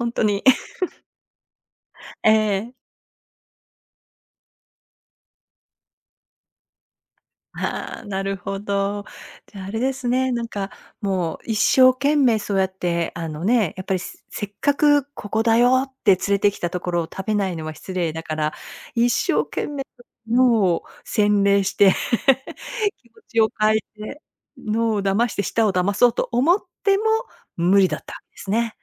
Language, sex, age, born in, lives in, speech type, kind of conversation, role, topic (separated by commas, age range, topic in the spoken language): Japanese, female, 55-59, Japan, United States, conversation, podcast, host, 食べ物について驚いたことはありますか？